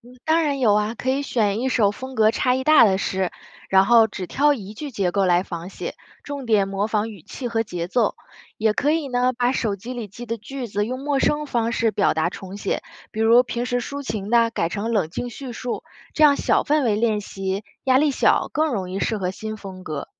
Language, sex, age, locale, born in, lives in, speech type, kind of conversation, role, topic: Chinese, female, 30-34, zh-CN, China, United States, read, expert-assistant, expert, 如何激发和提升写现代诗的灵感？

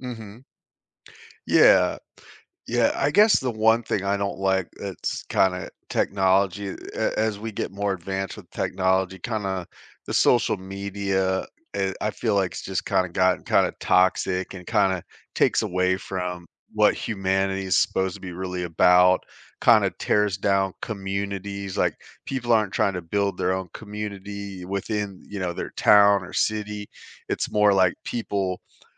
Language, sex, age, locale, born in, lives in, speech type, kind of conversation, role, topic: English, male, 40-44, en-US, United States, United States, conversation, unstructured, none, Would you rather live in a world without technology or in a world without nature?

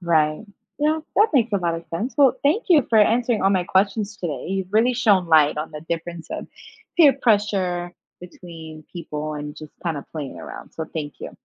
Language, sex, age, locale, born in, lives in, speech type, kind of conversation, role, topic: English, female, 25-29, en-US, United States, United States, read, expert-assistant, asker, How can you recognize and respond to peer pressure?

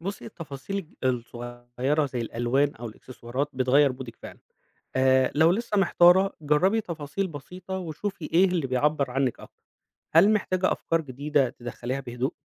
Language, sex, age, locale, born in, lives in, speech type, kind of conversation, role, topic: Arabic, male, 35-39, ar-EG, Egypt, Egypt, read, expert-assistant, expert, إيه أنسب طريقة ممكن الواحد يتبعها عشان يكوّن ستايل شخصي يناسبه ويعبّر عنه فعلاً؟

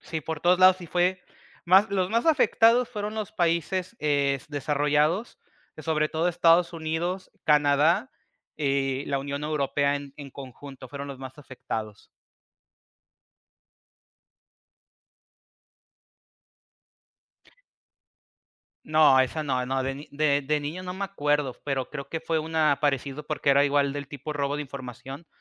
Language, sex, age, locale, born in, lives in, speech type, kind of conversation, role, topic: Spanish, male, 25-29, es-MX, Mexico, Mexico, conversation, podcast, guest, ¿Cómo te proteges del fraude y del phishing en internet?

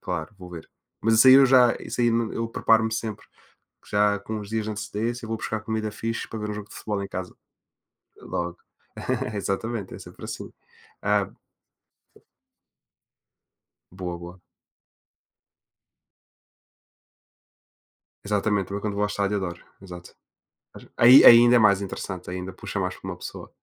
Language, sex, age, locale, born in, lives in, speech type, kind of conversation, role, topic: Portuguese, male, 20-24, pt-PT, Portugal, Portugal, conversation, unstructured, none, Como um passatempo pode ajudar a aliviar o estresse do dia a dia?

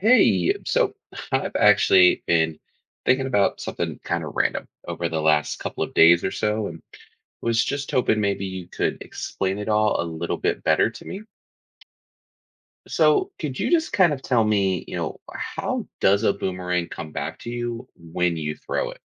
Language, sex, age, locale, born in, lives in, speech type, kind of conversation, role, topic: English, male, 30-34, en-US, United States, United States, read, expert-assistant, asker, How does a boomerang return when thrown?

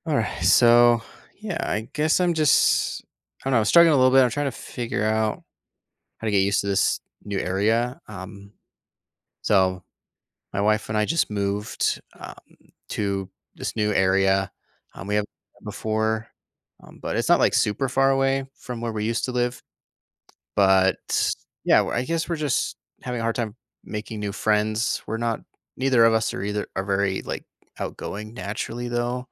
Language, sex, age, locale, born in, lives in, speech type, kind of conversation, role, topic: English, male, 30-34, en-US, United States, United States, conversation, advice, user, How can I make meaningful friends in a new city despite being shy and having limited free time?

